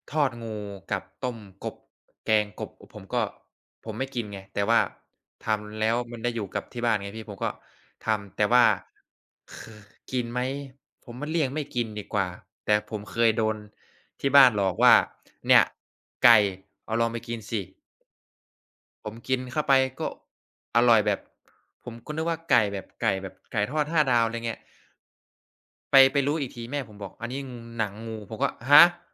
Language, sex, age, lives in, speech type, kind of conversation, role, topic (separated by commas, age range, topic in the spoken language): Thai, male, 20-24, Thailand, conversation, unstructured, none, ช่วงเวลาไหนที่คุณมีความสุขที่สุดเมื่อได้อยู่กับครอบครัว?